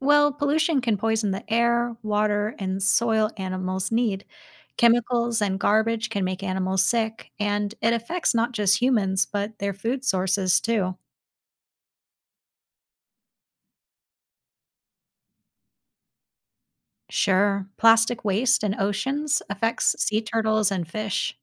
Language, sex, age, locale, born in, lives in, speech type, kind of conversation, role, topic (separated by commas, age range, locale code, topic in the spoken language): English, female, 30-34, en-US, United States, United States, read, expert-assistant, expert, What challenges do endangered species encounter?